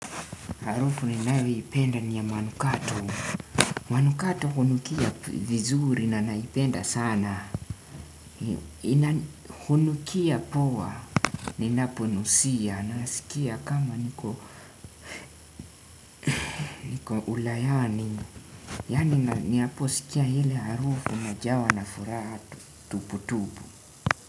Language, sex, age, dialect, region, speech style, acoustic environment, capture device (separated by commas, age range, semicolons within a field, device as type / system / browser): Swahili, male, 18-24, Kenya - Nairobi Sheng-influenced, Kenya, tired, music, desktop / Windows / Chrome